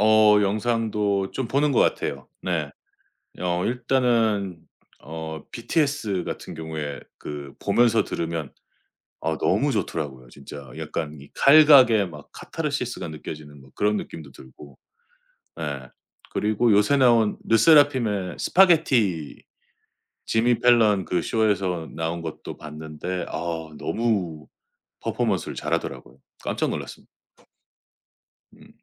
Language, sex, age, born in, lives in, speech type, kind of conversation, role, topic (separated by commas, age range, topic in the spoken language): Korean, male, 45-49, South Korea, United States, conversation, podcast, guest, 요즘 음악 취향이 어떻게 달라졌나요?